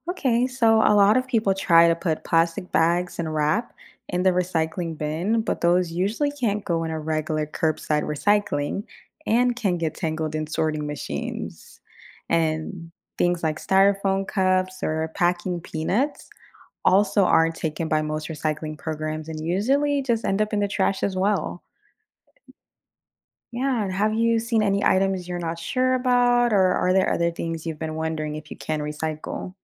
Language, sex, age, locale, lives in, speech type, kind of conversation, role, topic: English, female, 25-29, en-US, United States, read, expert-assistant, expert, How does recycling help reduce waste?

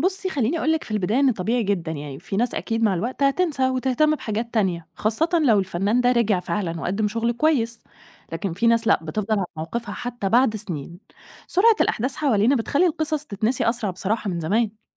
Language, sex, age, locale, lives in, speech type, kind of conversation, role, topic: Arabic, female, 30-34, ar-EG, Egypt, read, expert-assistant, expert, هل ممكن نفصل شخصية الفنان عن أعماله؟ يعني لو فنان عمل حاجة غلط في حياته، المفروض نفضل نقدّر فنه؟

